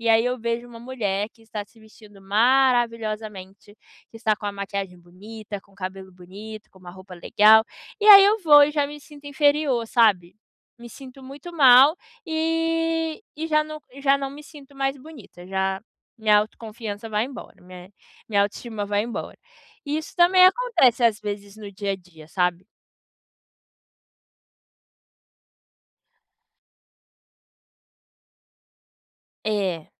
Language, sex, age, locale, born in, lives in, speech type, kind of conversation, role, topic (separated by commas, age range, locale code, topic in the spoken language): Portuguese, female, 25-29, pt-BR, Brazil, United States, conversation, advice, user, Como posso aceitar minhas esquisitices e confiar mais em mim?